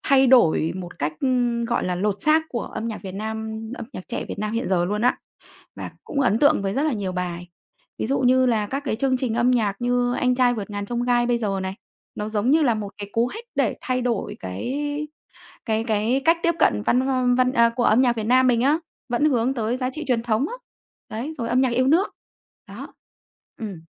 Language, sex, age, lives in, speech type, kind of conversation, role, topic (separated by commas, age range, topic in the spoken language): Vietnamese, female, 35-39, Vietnam, conversation, podcast, guest, Bạn có kỷ niệm nào gắn liền với một bài hát không?